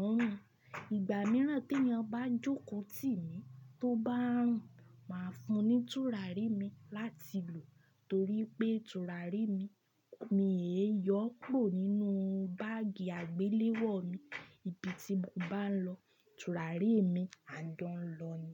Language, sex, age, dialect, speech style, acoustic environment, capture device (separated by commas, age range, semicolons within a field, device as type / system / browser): Yoruba, female, 25-34, Nigeria - Ibadan, happy, appliances, desktop / Linux / Chrome